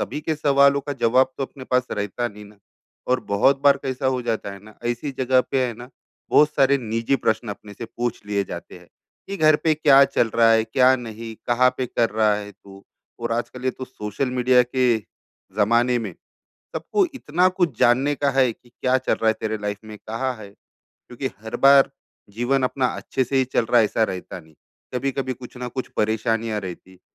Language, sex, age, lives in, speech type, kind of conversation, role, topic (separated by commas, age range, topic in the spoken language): Hindi, male, 35-39, India, conversation, advice, user, पार्टी और उत्सवों में सामाजिक अपेक्षाओं और अपनी व्यक्तिगत सीमाओं का सामना कैसे करूँ?